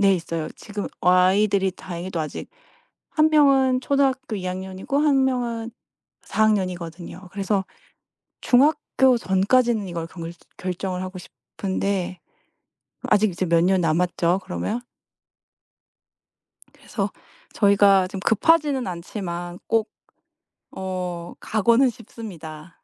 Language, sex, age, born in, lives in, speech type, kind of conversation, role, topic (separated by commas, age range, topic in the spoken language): Korean, female, 35-39, South Korea, United States, conversation, advice, user, 다른 도시나 다른 나라로 이사해 정착할지 고민 중인데, 어떻게 결정하는 게 좋을까요?